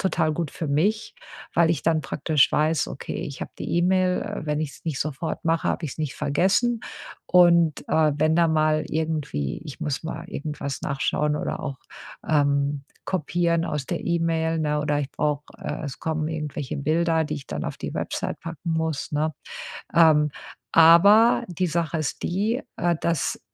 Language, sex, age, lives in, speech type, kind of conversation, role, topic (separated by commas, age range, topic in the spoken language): German, female, 50-54, United States, conversation, advice, user, Wie kann ich klare Erreichbarkeitsgrenzen zwischen Arbeit und Privatleben setzen?